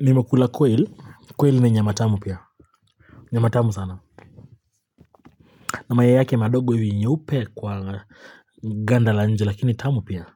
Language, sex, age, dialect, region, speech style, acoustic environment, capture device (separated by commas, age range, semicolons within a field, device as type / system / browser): Swahili, male, 25-34, Kenya - Nairobi Sheng-influenced, Kenya, relaxed; happy, silence, mobile / Linux / Chrome